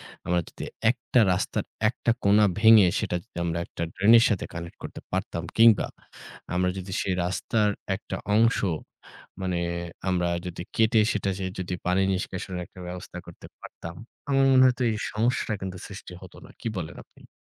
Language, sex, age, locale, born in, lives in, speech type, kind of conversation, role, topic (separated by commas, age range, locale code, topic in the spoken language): Bengali, female, 25-29, bn-BD, Bangladesh, Bangladesh, conversation, unstructured, none, সম্প্রতি কোন সামাজিক উদ্যোগ আপনাকে অনুপ্রাণিত করেছে?